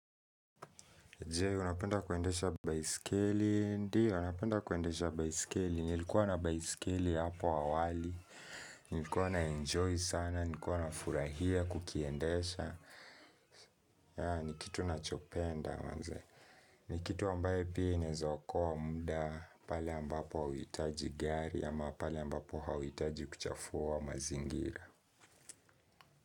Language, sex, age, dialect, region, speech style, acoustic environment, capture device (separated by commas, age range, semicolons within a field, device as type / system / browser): Swahili, male, 18-24, Kenya - Nairobi Sheng-influenced, Kenya, focused, people talking, mobile / Linux / Chrome